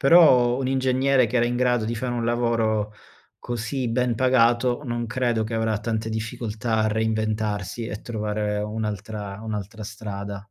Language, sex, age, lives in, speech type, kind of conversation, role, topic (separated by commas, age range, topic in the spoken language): Italian, male, 30-34, Germany, conversation, unstructured, none, Qual è la cosa più sorprendente che la tecnologia abbia fatto per te?